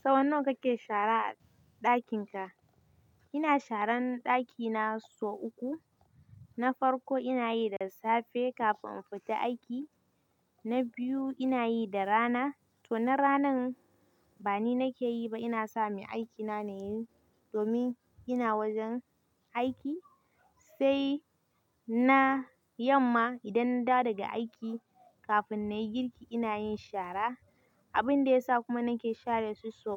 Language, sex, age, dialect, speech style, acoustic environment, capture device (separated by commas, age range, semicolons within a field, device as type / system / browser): Hausa, female, 18-24, Nigeria - Kano, happy, nature, mobile / Linux / Chrome